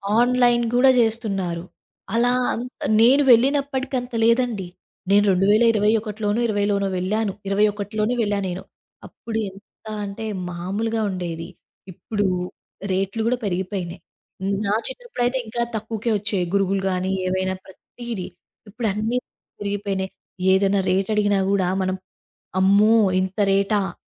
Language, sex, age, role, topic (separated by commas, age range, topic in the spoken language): Telugu, female, 20-24, guest, మీ ప్రత్యేక గుర్తుగా నిలిచే వస్తువు ఏది?